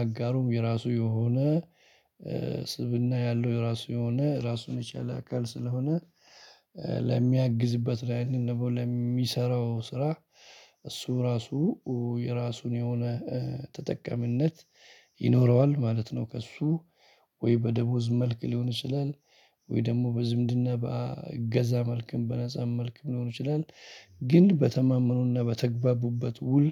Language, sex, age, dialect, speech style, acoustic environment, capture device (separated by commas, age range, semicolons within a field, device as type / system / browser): Amharic, male, 35-44, Ethiopia - Addis Ababa, excited, people talking; music; appliances, mobile / Linux / Chrome